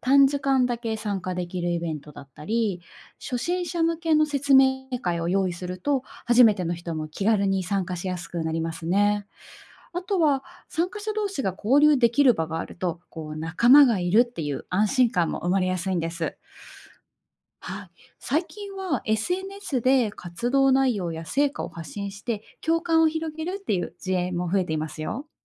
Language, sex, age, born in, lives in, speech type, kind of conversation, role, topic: Japanese, female, 30-34, Japan, Japan, read, expert-assistant, expert, 地域活動や社会運動に人を巻き込むにはどうすればよいですか？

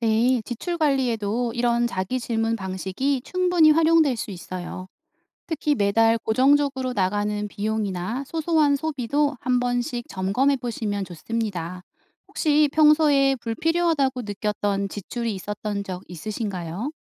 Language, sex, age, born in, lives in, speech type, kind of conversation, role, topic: Korean, female, 35-39, South Korea, South Korea, read, expert-assistant, expert, 충동구매를 줄이고 소비 습관을 개선하려면 어떻게 해야 하나요?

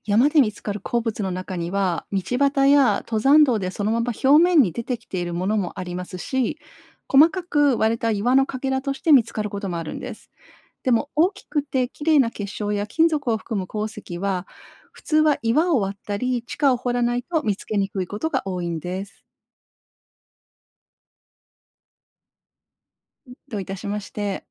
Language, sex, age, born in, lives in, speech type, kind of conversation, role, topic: Japanese, female, 50-54, Japan, Japan, read, expert-assistant, expert, どうして山はできるのか？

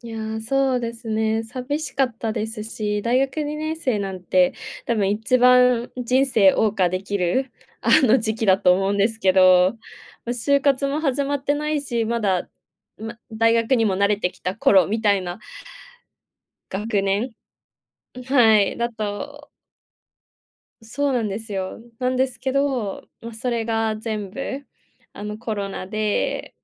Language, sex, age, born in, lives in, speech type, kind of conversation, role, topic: Japanese, female, 20-24, Japan, Japan, conversation, podcast, guest, オンライン授業の良い点と困った点は何ですか？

